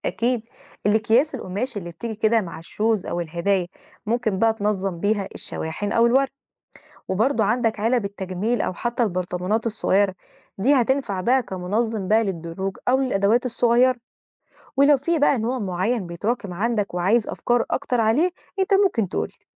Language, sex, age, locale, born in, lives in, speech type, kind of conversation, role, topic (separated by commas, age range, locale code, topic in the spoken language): Arabic, female, 20-24, ar-EG, Egypt, Egypt, read, expert-assistant, expert, إيه الأفكار الغير تقليدية اللي ممكن نخلي بها بيتنا أكتر استدامة وصديق للبيئة من غير ما نضحي بالراحة أو الجمال؟